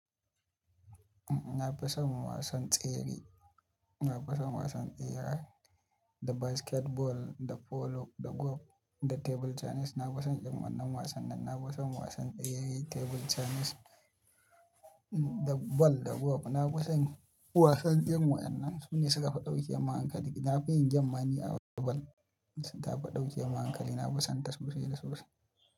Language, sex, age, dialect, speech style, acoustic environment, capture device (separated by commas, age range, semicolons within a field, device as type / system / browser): Hausa, male, 25-34, Nigeria - Kano, happy, people talking, mobile / Linux / Chrome